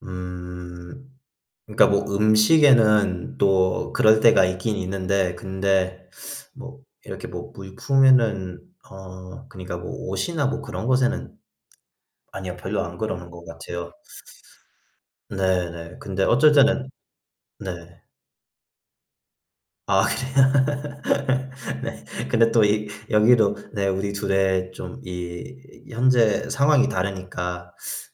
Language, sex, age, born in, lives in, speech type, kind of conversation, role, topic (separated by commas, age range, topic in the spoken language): Korean, male, 25-29, United States, United States, conversation, unstructured, none, 돈을 쓸 때 가장 중요하게 생각하는 것은 무엇인가요?